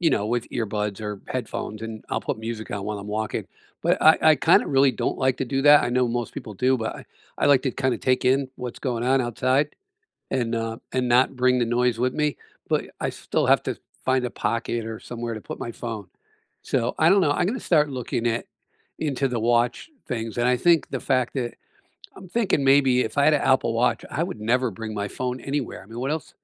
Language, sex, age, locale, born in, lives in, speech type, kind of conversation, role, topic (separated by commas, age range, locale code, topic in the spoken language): English, male, 65-69, en-US, United States, United States, conversation, unstructured, none, What is the one gadget you can’t live without this year?